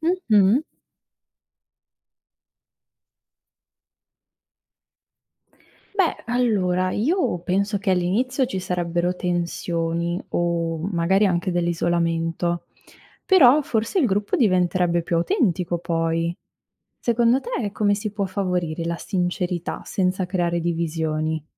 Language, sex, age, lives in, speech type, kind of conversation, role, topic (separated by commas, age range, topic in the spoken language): Italian, female, 25-29, Italy, read, expert-assistant, asker, Cosa accadrebbe se improvvisamente tutte le persone nel mondo dicessero sempre la verità, senza alcun filtro sociale?